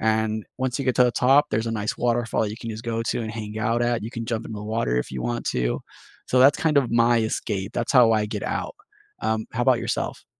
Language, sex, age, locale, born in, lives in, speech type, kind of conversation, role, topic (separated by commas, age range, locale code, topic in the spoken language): English, male, 30-34, en-US, United States, United States, conversation, unstructured, none, What is your favorite nearby nature escape, and why does it recharge you?